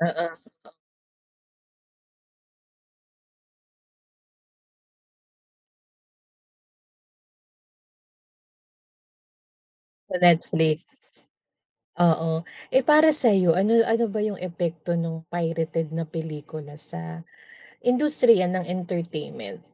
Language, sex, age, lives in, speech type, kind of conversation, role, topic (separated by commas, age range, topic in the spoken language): Filipino, female, 35-39, Philippines, conversation, unstructured, none, Ano ang epekto ng mga piratang pelikula sa industriya ng libangan?